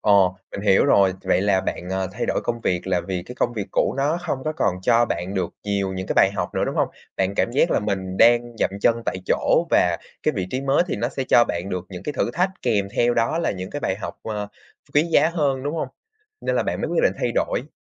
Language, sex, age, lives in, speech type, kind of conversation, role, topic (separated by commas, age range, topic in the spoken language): Vietnamese, male, 20-24, Vietnam, conversation, podcast, host, Bạn vượt qua cảm giác lo lắng như thế nào khi thay đổi công việc?